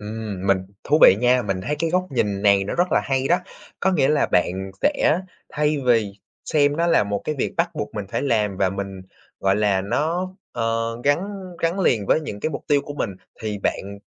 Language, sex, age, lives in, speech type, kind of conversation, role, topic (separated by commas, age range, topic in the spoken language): Vietnamese, male, 20-24, Vietnam, conversation, podcast, host, Thói quen nào giúp bạn tiến bộ mỗi ngày?